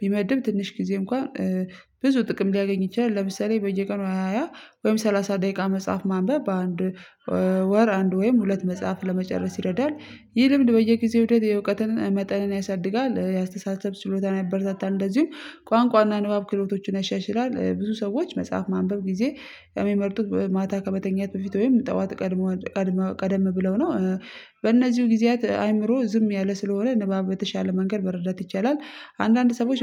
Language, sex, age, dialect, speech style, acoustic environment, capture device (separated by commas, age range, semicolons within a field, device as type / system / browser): Amharic, female, 25-34, Ethiopia - Addis Ababa, tired, people talking, mobile / Linux / Chrome